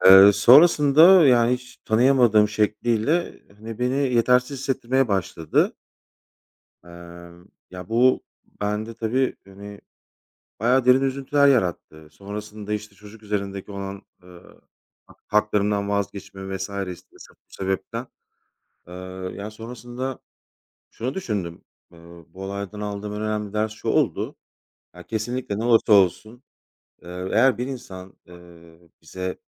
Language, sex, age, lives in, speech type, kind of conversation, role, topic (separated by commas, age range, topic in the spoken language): Turkish, male, 35-39, Poland, conversation, unstructured, none, Hayatını değiştiren bir deneyimi anlatır mısın?